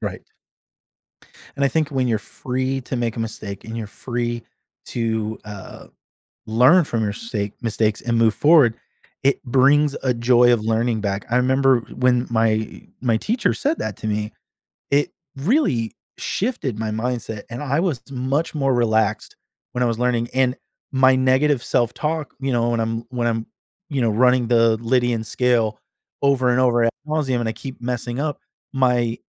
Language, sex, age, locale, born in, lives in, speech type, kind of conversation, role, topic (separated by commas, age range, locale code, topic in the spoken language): English, male, 40-44, en-US, United States, United States, conversation, podcast, guest, Why is experiencing failure important for learning and personal growth in school?